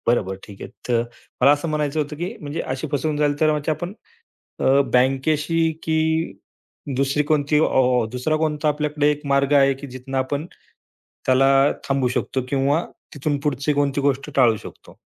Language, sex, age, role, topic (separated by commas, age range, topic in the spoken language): Marathi, male, 35-39, host, ऑनलाइन पेमेंट्स करताना तुम्ही कोणती काळजी घेता?